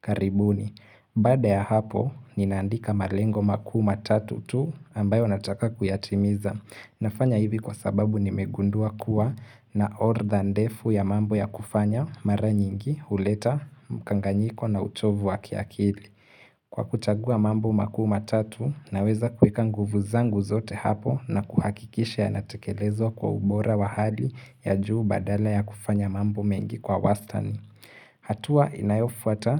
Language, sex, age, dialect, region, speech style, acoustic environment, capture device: Swahili, male, 18-24, Kenya - Mombasa, Kenya, focused; relaxed, silence, mobile / Linux / Chrome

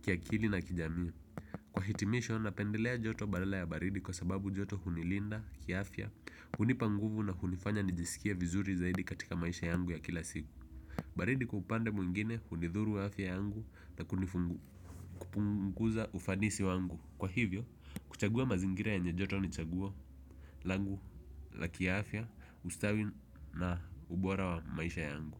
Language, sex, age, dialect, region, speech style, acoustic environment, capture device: Swahili, male, 18-24, Kenya - Nairobi Sheng-influenced, Kenya, focused; relaxed, people talking, desktop / Windows / Edge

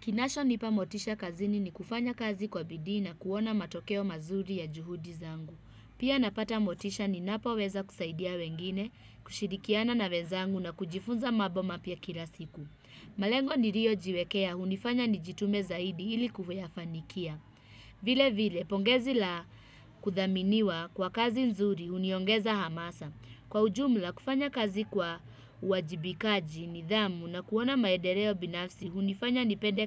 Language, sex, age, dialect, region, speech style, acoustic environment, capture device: Swahili, female, 25-34, Kenya - Nairobi Sheng-influenced, Kenya, happy, people talking, desktop / Windows / Chrome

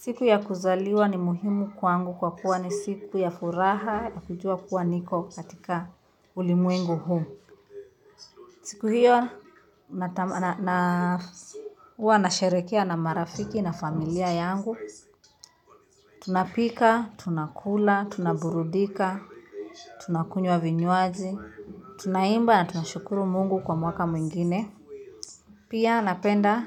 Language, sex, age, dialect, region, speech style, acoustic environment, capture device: Swahili, female, 25-34, Kenya - Nairobi Sheng-influenced, Kenya, nervous; happy; focused, music; nature; appliances, mobile / Linux / Chrome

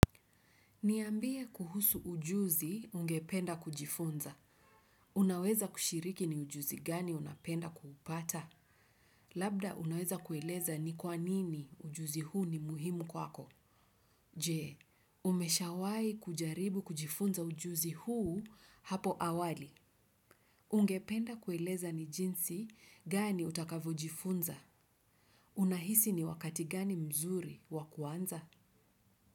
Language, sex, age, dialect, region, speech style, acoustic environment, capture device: Swahili, female, 35-44, Kenya - Nairobi Sheng-influenced, Kenya, happy, nature; silence; traffic, desktop / Windows / Chrome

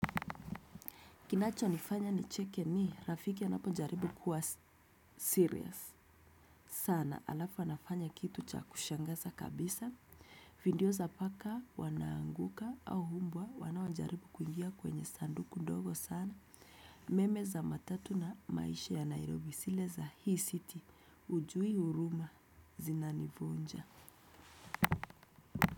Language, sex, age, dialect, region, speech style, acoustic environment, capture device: Swahili, female, 25-34, Kenya - Nairobi Sheng-influenced, Kenya, happy; relaxed; focused, people talking; nature; appliances, desktop / Windows / Chrome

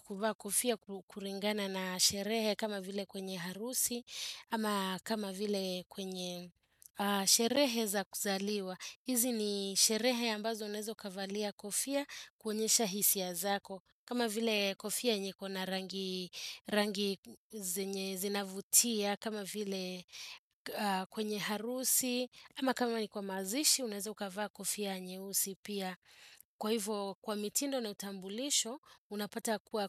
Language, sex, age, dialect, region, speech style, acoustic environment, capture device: Swahili, female, 35-44, Kenya - Nairobi Sheng-influenced, Kenya, happy; focused; relaxed, appliances, desktop / Windows / Chrome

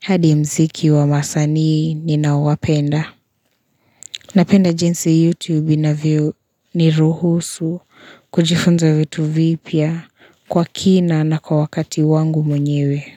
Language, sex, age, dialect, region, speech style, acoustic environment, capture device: Swahili, female, 25-34, Kenya - Nairobi Sheng-influenced, Kenya, relaxed, nature; silence, mobile / Linux / Chrome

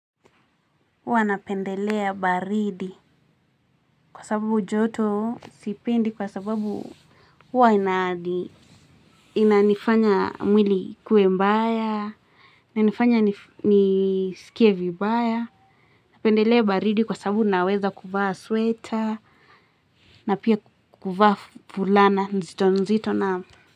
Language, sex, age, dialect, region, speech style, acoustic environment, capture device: Swahili, female, 18-24, Kenya - Nairobi Sheng-influenced, Kenya, happy, music; people talking; nature, mobile / Linux / Chrome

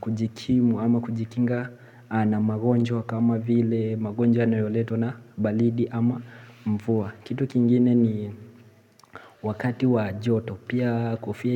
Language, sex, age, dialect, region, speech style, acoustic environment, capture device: Swahili, male, 18-24, Kenya - Mombasa, Kenya, relaxed, appliances, mobile / Linux / Chrome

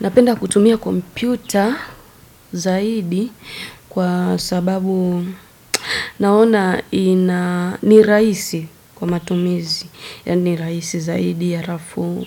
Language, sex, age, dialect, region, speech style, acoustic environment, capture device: Swahili, female, 25-34, Tanzania - Dar es Salaam, Tanzania, excited, silence, desktop / Windows / Edge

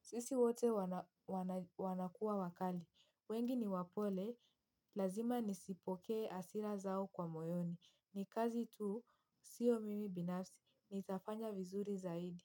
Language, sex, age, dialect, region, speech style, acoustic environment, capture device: Swahili, female, 18-24, Kenya - Mombasa, Kenya, happy; anxious, silence, mobile / Linux / Chrome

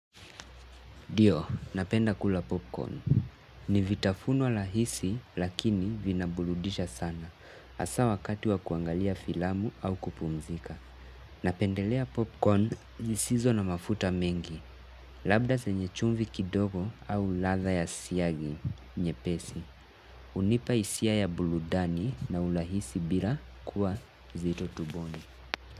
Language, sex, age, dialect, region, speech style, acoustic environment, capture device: Swahili, male, 18-24, Kenya - Mombasa, Kenya, relaxed, nature; appliances, mobile / Linux / Chrome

